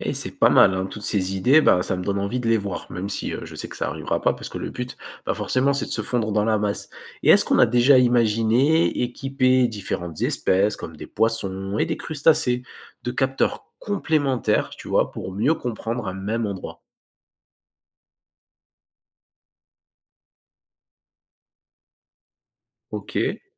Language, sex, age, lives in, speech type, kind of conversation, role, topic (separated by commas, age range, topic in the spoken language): French, male, 20-24, France, read, expert-assistant, asker, Quelles idées originales pourrait-on imaginer pour observer la vie sous-marine sans perturber les écosystèmes ?